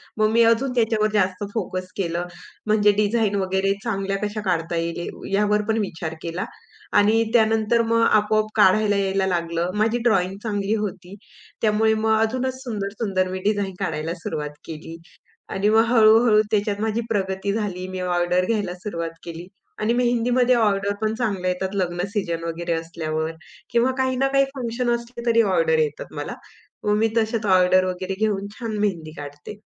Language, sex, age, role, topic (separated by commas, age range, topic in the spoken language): Marathi, female, 25-29, guest, तुला आयुष्यभर शिकत राहण्याची प्रेरणा काय मिळते?